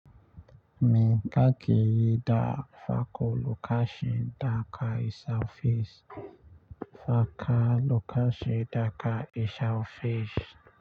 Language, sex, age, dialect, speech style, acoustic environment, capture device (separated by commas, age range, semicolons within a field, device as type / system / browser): Hausa, male, 25-34, Nigeria - Nigerian Standard, relaxed, nature, mobile / Linux / Chrome